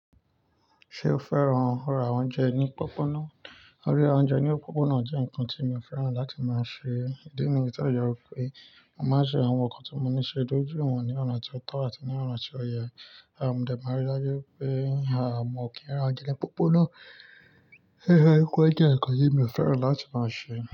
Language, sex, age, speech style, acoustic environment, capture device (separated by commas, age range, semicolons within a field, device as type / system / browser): Yoruba, male, 25-34, relaxed, nature, mobile / Linux / Chrome